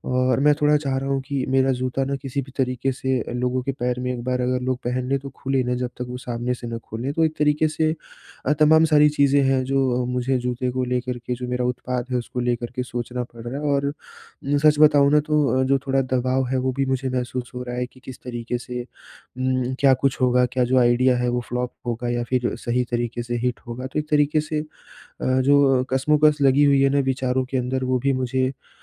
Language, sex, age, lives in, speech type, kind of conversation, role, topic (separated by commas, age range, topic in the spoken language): Hindi, male, 20-24, India, conversation, advice, user, मैं अपने विचार को एक वास्तविक उत्पाद में कैसे बदलूँ?